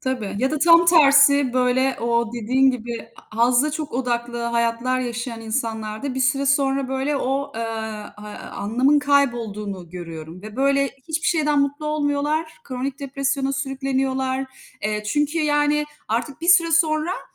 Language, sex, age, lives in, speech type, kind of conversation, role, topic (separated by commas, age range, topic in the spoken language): Turkish, female, 40-44, Netherlands, conversation, unstructured, none, Ramazan ayında insanların davranışları sizce neden değişiyor?